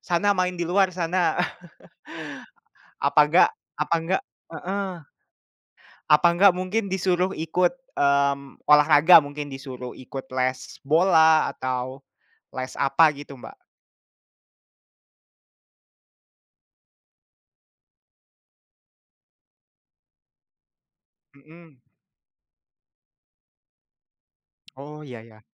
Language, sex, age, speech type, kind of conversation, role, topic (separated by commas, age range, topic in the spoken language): Indonesian, male, 20-24, conversation, unstructured, none, Bagaimana cara menumbuhkan kebiasaan hidup sehat pada anak-anak?